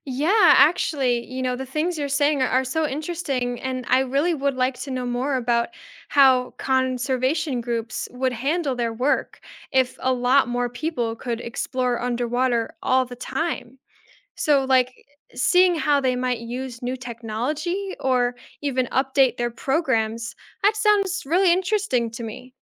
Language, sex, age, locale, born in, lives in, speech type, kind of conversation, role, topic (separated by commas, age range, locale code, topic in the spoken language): English, female, 20-24, en-US, United States, United States, read, expert-assistant, asker, What if humans could breathe underwater—how would this impact ocean exploration and conservation?